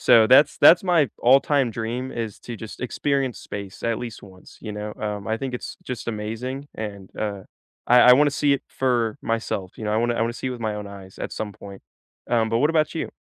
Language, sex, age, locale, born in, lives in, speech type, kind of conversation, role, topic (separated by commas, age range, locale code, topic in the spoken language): English, male, 18-19, en-US, United States, United States, conversation, unstructured, none, What work skill are you focusing on developing this year, and what inspired you to choose it?